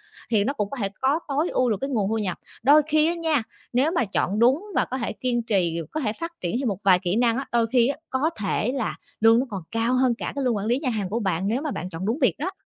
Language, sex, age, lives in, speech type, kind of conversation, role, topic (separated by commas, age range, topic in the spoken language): Vietnamese, female, 30-34, Vietnam, conversation, advice, advisor, Bạn muốn chuyển sang công việc gì để có lối sống cân bằng hơn?